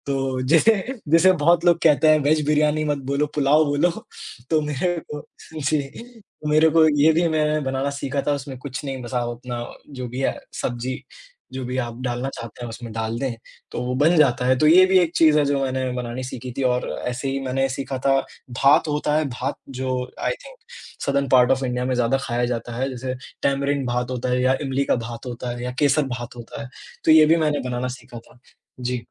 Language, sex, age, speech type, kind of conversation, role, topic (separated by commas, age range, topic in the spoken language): Hindi, male, 20-24, conversation, unstructured, none, क्या आपने कभी किसी खास रेसिपी के साथ प्रयोग किया है?